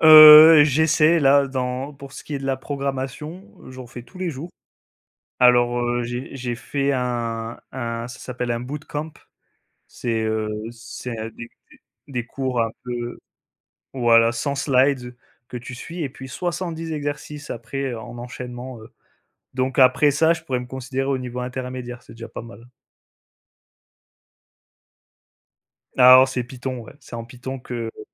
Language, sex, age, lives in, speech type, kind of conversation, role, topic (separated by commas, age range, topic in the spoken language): French, male, 25-29, France, conversation, unstructured, none, Qu’est-ce qui te donne envie d’apprendre chaque jour ?